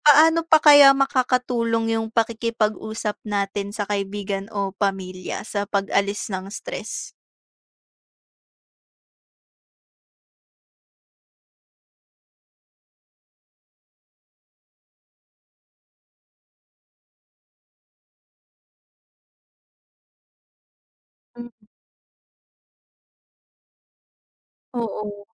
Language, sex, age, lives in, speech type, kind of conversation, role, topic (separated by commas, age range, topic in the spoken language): Filipino, female, 20-24, Philippines, conversation, unstructured, none, Paano mo pinapawi ang pagod pagkatapos ng mahabang araw?